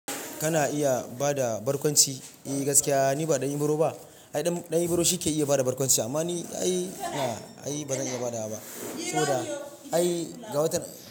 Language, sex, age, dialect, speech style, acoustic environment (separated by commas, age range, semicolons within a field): Hausa, male, 25-34, Nigeria - Ibadan, relaxed; focused, silence